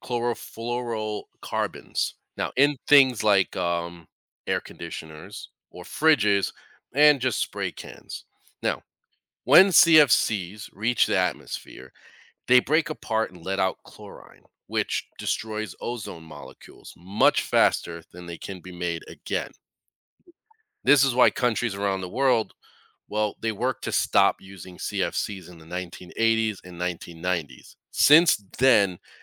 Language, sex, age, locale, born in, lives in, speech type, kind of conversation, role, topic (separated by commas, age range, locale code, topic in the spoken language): English, male, 40-44, en-US, United States, United States, read, expert-assistant, expert, What is the ozone layer, and why is it important for life on Earth?